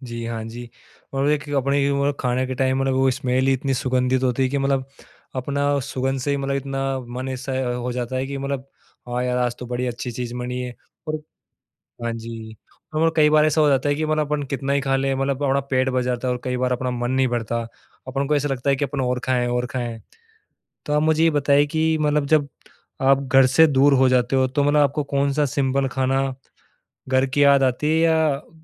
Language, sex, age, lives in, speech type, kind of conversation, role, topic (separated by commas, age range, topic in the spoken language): Hindi, male, 25-29, India, conversation, podcast, host, Kaunsi simple dish aapko turant comfort de deti hai?